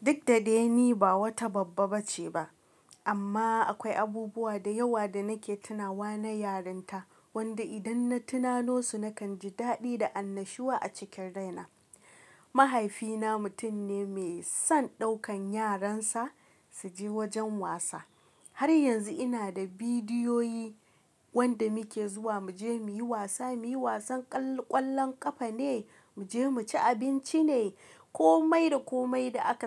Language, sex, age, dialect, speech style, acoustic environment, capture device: Hausa, female, 25-34, Nigeria - Kano, relaxed, silence; nature, mobile / Linux / Chrome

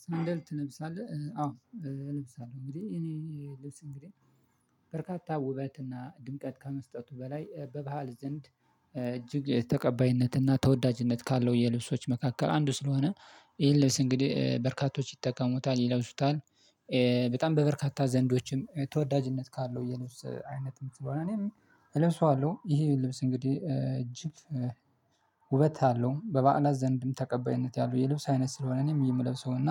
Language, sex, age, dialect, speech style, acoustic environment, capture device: Amharic, male, 25-34, Ethiopia - Addis Ababa, relaxed, nature, mobile / Linux / Chrome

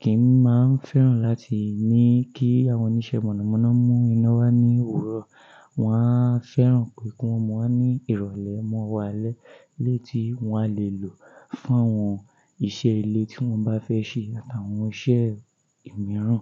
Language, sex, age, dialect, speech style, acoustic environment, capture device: Yoruba, male, 25-34, Nigeria - Ijebu, focused, silence, mobile / Linux / Chrome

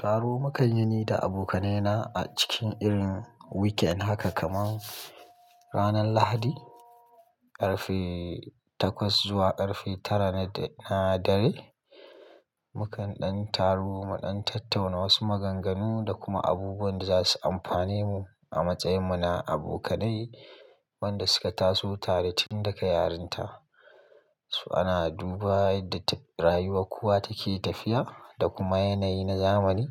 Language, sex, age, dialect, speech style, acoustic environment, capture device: Hausa, male, 25-34, Nigeria - Kano, happy, nature, mobile / Linux / Chrome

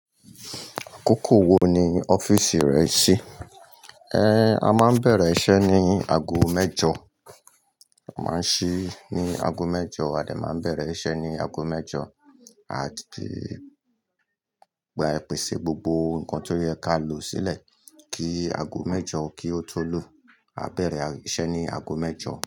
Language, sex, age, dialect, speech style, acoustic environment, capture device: Yoruba, male, 45-54, Nigeria - Lagos Yoruba, happy, people talking; nature, mobile / Linux / Chrome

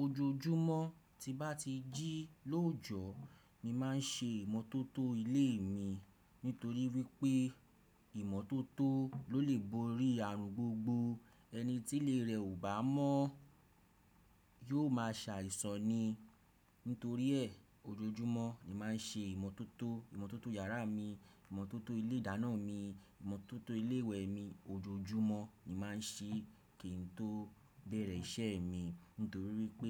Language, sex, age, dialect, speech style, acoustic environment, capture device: Yoruba, male, 35-44, Nigeria - Nigerian Standard, relaxed, silence, desktop / Windows / Chrome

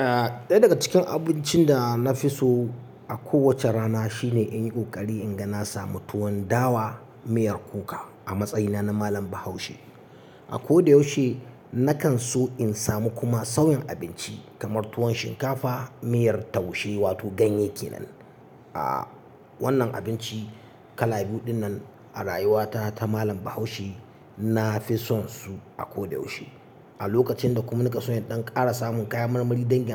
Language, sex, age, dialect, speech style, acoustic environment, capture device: Hausa, male, 35-44, Nigeria - Katsina, excited; focused; relaxed; happy, silence, mobile / Linux / Chrome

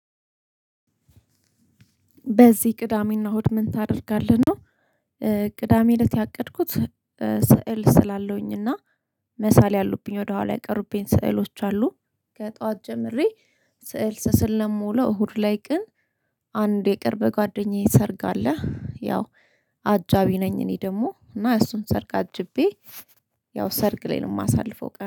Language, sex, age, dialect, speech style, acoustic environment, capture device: Amharic, female, 25-34, Ethiopia - Addis Ababa, happy; relaxed; excited; focused, silence, mobile / Linux / Chrome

